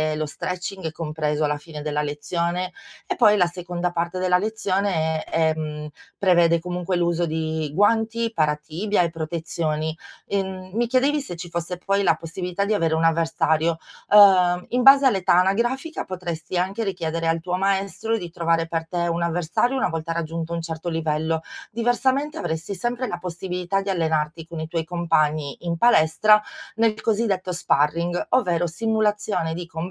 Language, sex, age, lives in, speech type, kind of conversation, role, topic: Italian, female, 40-44, Italy, conversation, advice, advisor, Come posso cambiare il mio stile di vita per migliorare la mia salute?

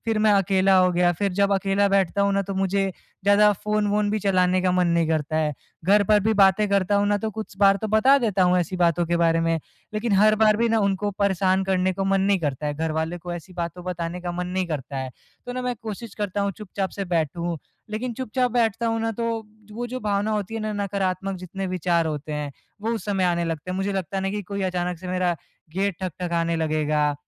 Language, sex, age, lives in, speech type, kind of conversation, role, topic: Hindi, male, 20-24, India, conversation, advice, user, मैं नई जगह पर सुरक्षित और स्थिर महसूस करने के लिए अपनी दिनचर्या कैसे बनाऊँ?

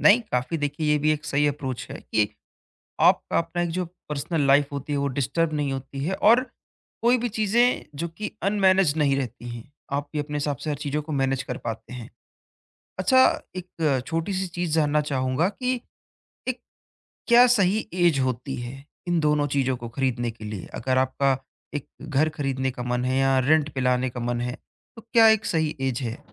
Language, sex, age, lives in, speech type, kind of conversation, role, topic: Hindi, male, 20-24, India, conversation, podcast, host, Ghar kharidna ya kiraye par rehna: faisla kaise karein?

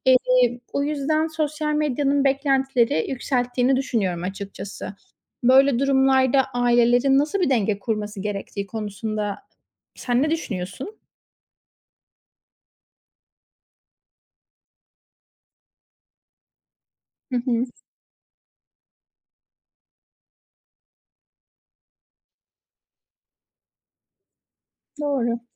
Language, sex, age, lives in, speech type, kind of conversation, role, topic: Turkish, female, 25-29, Spain, read, expert-assistant, asker, Farklı kültürlerde aile içi roller ve çocukların söz hakkı nasıl değişiyor?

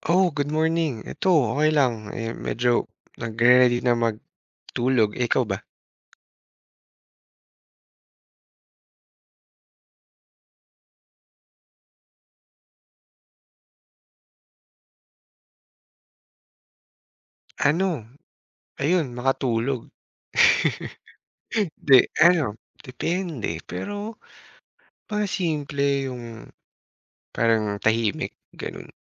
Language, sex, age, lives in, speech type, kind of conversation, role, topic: Filipino, male, 30-34, Philippines, conversation, unstructured, none, Ano ang mga simpleng bagay na nagpapasaya sa’yo?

